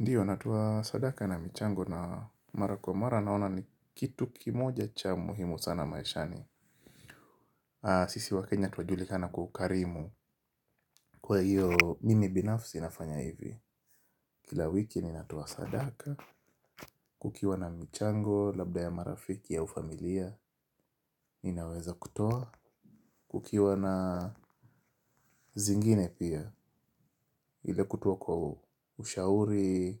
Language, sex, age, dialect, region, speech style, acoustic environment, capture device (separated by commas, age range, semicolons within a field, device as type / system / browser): Swahili, male, 25-34, Kenya - Mombasa, Kenya, happy, silence, mobile / Linux / Chrome